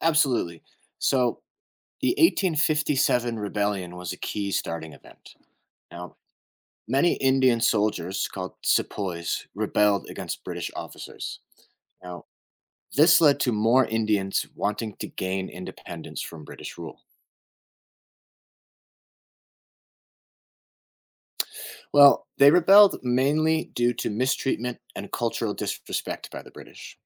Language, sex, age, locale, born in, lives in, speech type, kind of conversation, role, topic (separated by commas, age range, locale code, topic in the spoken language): English, male, 30-34, en-US, United States, United States, read, expert-assistant, expert, What historical events led to the independence of India?